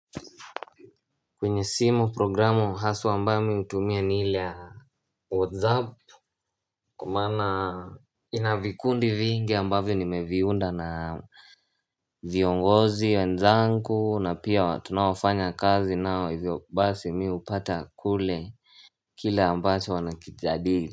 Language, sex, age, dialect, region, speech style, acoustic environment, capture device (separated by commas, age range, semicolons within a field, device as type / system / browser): Swahili, male, 18-24, Kenya - Mombasa, Kenya, happy, silence, mobile / Linux / Chrome